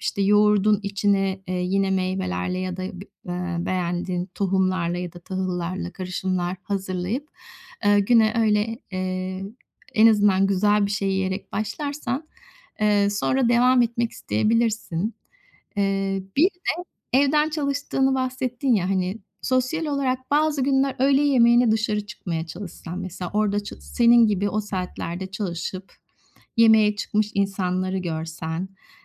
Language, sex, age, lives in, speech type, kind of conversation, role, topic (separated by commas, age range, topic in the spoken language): Turkish, female, 50-54, Spain, conversation, advice, advisor, İşe geri dönerken tükenmişliği nasıl önleyip yönetebilir ve kendime nasıl daha iyi bakabilirim?